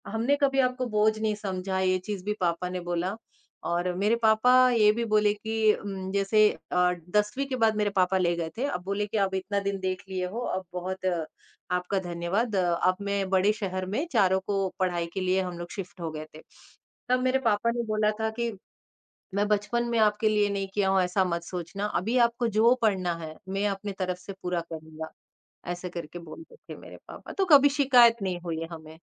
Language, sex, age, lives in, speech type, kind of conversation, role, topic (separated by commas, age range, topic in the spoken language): Hindi, male, 50-54, India, conversation, podcast, guest, कामकाजी माता-पिता अपने बच्चों को गुणवत्तापूर्ण समय कैसे दे सकते हैं?